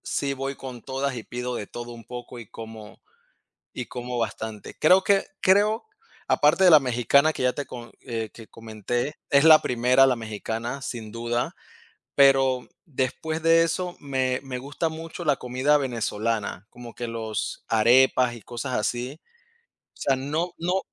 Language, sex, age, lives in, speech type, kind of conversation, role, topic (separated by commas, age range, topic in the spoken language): Spanish, male, 30-34, United States, conversation, unstructured, none, ¿Qué plato te hace sentir más feliz al comerlo?